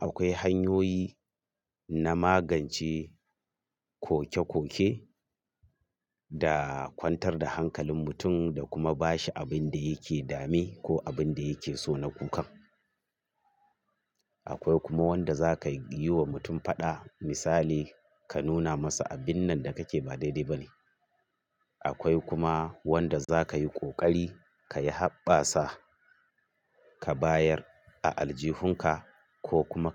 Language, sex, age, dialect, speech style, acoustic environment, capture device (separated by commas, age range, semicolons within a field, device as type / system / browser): Hausa, male, 45-54, Nigeria - Kano, nervous; excited; focused; stressed; happy; anxious; tired; relaxed, traffic; people talking; construction; music; appliances; nature; silence, mobile / Linux / Chrome